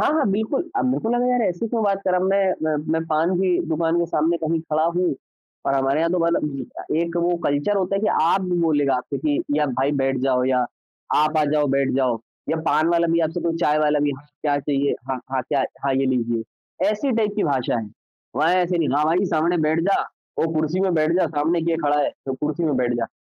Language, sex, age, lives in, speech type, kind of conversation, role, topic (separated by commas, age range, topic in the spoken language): Hindi, male, 25-29, India, conversation, podcast, guest, Team mein bharosa banane ke liye tum kya karte ho?